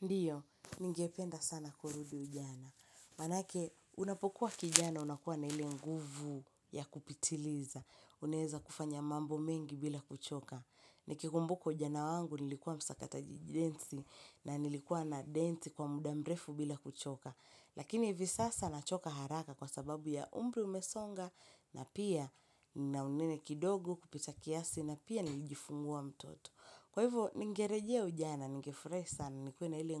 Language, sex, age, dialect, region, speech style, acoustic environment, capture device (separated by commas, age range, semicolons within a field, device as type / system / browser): Swahili, female, 25-34, Kenya - Mombasa, Kenya, happy; relaxed; excited, silence, mobile / Linux / Chrome